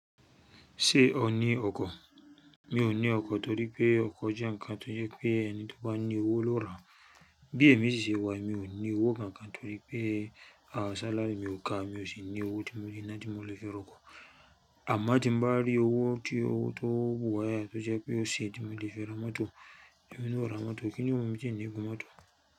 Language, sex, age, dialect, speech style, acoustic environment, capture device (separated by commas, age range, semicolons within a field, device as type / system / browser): Yoruba, male, 35-44, Nigeria - Lagos Yoruba, excited, nature, mobile / Linux / Chrome